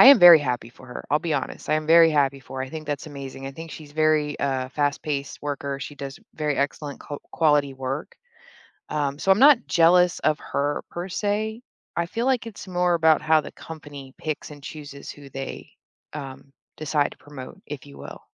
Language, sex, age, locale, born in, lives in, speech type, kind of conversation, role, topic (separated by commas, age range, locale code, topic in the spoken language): English, female, 35-39, en-US, Germany, United States, conversation, advice, user, How can I stop feeling jealous and genuinely celebrate my friend's success?